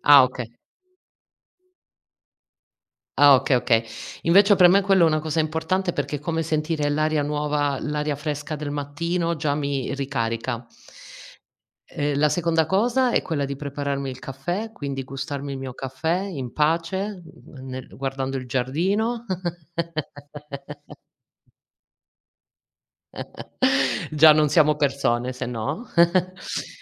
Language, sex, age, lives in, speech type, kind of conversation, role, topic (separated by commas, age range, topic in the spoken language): Italian, female, 40-44, Italy, conversation, unstructured, none, Come inizi la tua giornata per sentirti subito bene?